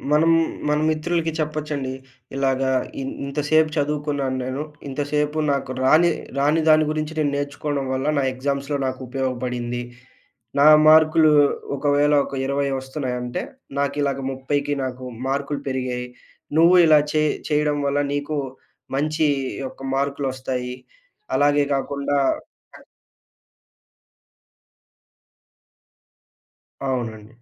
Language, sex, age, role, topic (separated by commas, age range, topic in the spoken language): Telugu, male, 20-24, guest, స్వీయాభ్యాసాన్ని సరిగా ప్రారంభించడానికి మీరు ఏ సలహాలు ఇస్తారు?